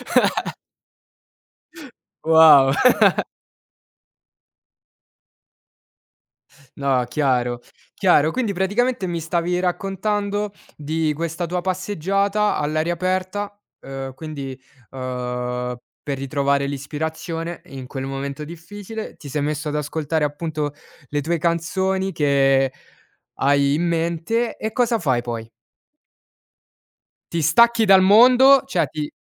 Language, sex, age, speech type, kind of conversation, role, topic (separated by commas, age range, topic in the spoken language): Italian, male, 20-24, conversation, podcast, host, Come trovi l’ispirazione nei giorni no?